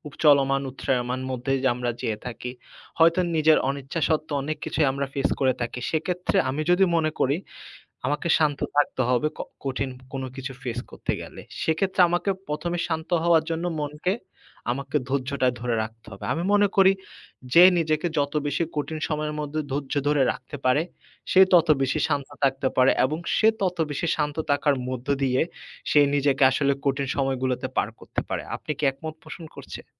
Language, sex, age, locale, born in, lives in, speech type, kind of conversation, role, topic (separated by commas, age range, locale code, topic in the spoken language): Bengali, male, 25-29, bn-BD, Bangladesh, Finland, conversation, unstructured, none, কঠিন সময়ে তুমি কীভাবে মনোবল ধরে রাখো?